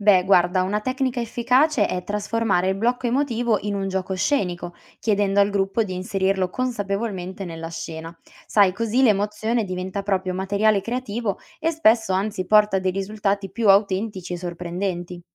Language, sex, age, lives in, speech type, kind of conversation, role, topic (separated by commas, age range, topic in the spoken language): Italian, female, 20-24, Italy, read, expert-assistant, expert, Come si può trovare il giusto equilibrio tra preparazione e improvvisazione nella danza o nel teatro?